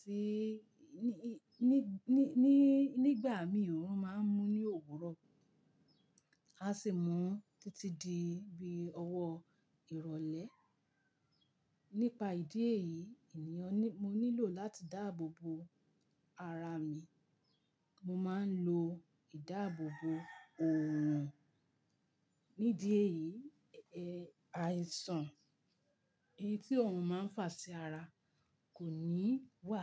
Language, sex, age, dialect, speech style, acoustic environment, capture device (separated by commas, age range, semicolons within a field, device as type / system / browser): Yoruba, female, 45-54, Nigeria - Ibadan, relaxed, people talking; silence, mobile / Linux / Chrome